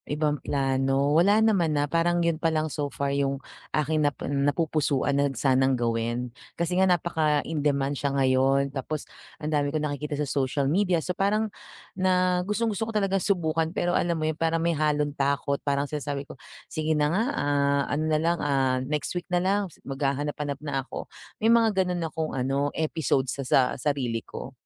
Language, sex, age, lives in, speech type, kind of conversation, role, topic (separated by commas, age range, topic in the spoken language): Filipino, female, 40-44, Philippines, conversation, advice, user, Paano ko maiuuna ang paglikha kaysa sa pagdadahilan at pagpapaliban?